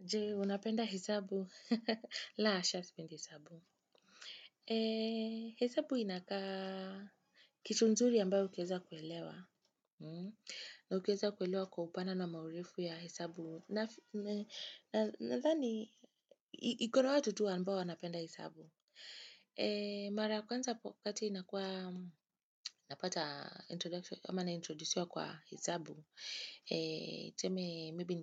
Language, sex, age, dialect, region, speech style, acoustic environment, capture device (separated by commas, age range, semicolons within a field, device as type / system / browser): Swahili, female, 25-34, Kenya - Nairobi Sheng-influenced, Kenya, happy, nature, desktop / Windows / Chrome